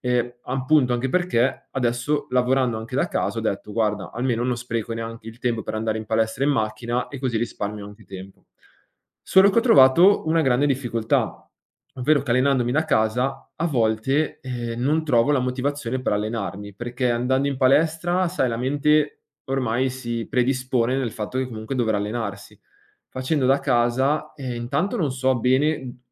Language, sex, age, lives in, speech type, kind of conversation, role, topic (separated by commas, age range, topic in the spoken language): Italian, male, 25-29, Italy, conversation, advice, user, Come posso ritrovare la motivazione per allenarmi anche se voglio migliorare la mia salute?